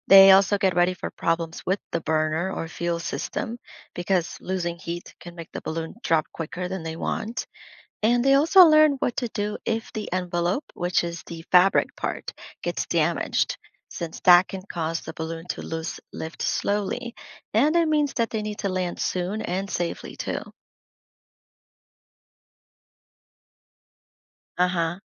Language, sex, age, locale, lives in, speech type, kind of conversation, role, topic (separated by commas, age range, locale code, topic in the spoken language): English, female, 30-34, en-US, United States, read, expert-assistant, expert, How does a hot air balloon rise?